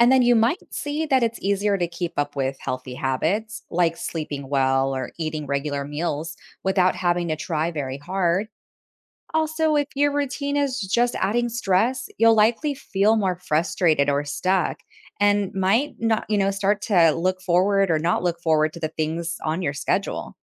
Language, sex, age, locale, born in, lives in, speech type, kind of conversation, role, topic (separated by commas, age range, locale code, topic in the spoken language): English, female, 35-39, en-US, United States, United States, read, expert-assistant, expert, Why is it important to have a daily routine?